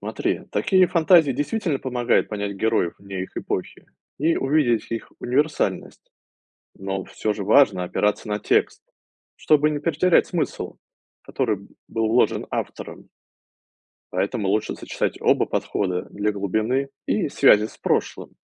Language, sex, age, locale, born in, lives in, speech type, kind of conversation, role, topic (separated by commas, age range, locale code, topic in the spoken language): Russian, male, 35-39, ru-RU, Russia, United States, read, expert-assistant, expert, С чего начать, если хочется лучше понимать классическую литературу?